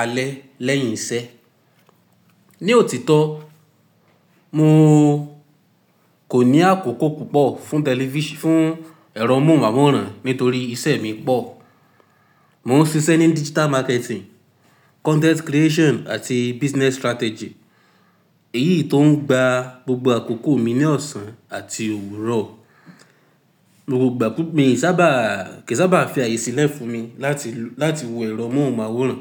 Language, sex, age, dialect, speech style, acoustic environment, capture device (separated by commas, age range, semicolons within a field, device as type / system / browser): Yoruba, male, 18-24, Nigeria - Ibadan, anxious; happy, silence, mobile / Linux / Chrome